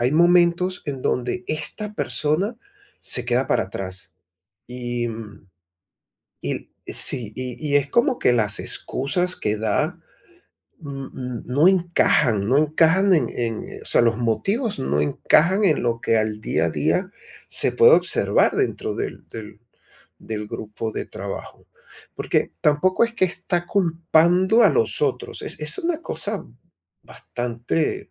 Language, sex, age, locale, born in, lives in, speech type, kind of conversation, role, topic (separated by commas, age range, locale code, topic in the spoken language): Spanish, male, 55-59, es-VE, Venezuela, Portugal, conversation, advice, user, ¿Cómo puedo mantener la calidad y los resultados al delegar tareas importantes a mi equipo?